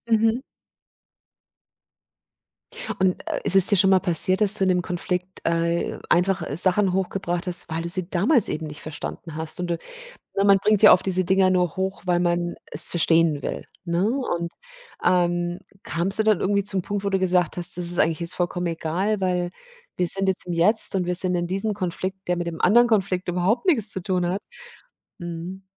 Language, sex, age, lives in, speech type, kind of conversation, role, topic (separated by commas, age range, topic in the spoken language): German, female, 50-54, Germany, conversation, podcast, host, Wie gehst du vor, um eine Beziehung nach einem Konflikt wieder zu kitten?